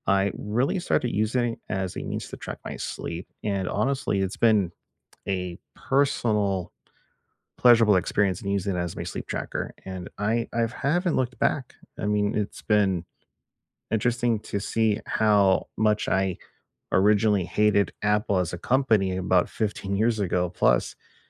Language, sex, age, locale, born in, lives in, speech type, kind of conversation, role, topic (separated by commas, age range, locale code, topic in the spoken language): English, male, 40-44, en-US, United States, United States, conversation, unstructured, none, Do wearables help you build healthier habits, or do they just add another screen—what’s your real-life take?